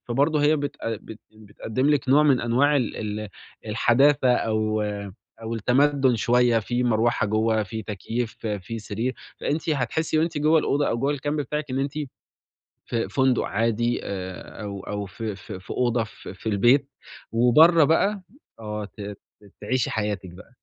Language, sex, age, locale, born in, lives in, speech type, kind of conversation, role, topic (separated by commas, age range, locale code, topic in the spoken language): Arabic, male, 20-24, ar-EG, Egypt, Italy, conversation, advice, advisor, إزاي أظبط ميزانية السفر والصرف في الإجازة عشان أستمتع من غير ما أصرف كتير؟